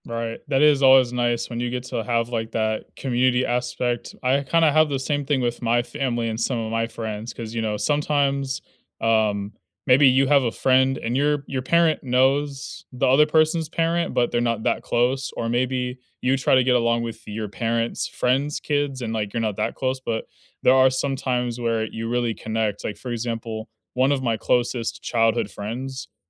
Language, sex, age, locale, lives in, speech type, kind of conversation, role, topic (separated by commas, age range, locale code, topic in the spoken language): English, male, 20-24, en-US, United States, conversation, unstructured, none, Where do you feel most at home, and what personal touches and memories make it special to you?